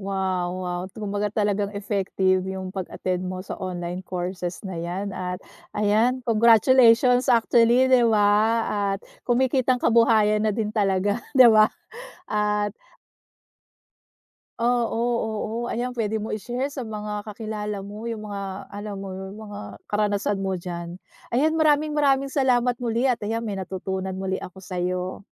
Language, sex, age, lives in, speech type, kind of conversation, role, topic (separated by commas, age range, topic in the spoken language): Filipino, female, 40-44, United States, conversation, podcast, host, Ano ang papel ng mga kursong online sa pagkatuto mo?